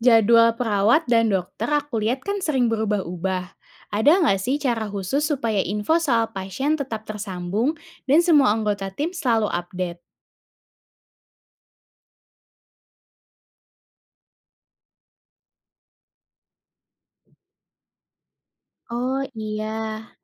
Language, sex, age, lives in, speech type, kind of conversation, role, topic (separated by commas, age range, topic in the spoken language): Indonesian, female, 20-24, Indonesia, read, expert-assistant, asker, Strategi seperti apa yang membantu tim perawat dan dokter bekerja sama demi pemulihan pasien?